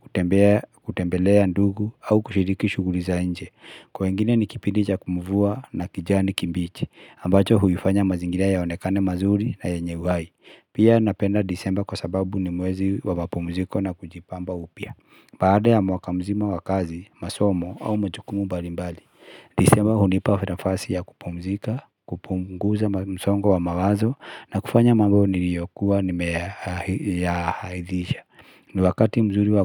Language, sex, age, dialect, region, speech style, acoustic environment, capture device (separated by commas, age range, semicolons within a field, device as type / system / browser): Swahili, male, 35-44, Kenya - Nairobi Sheng-influenced, Kenya, happy, silence, mobile / Linux / Chrome